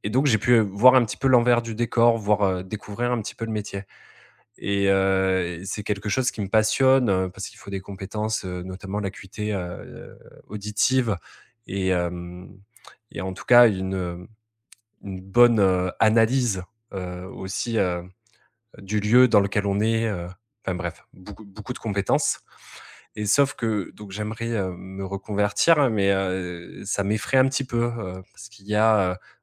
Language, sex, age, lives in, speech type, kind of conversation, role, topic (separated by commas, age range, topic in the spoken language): French, male, 30-34, France, conversation, advice, user, Comment changer de carrière sans perdre sa sécurité financière ?